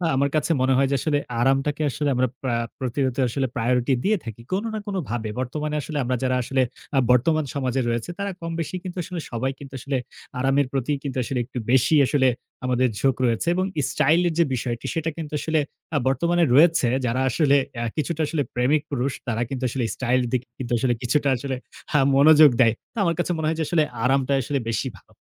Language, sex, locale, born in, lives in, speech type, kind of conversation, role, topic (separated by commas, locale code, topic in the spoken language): Bengali, male, bn-BD, Bangladesh, Bangladesh, conversation, podcast, guest, আরাম নাকি স্টাইল—আপনি কোনটিকে বেশি গুরুত্ব দেন?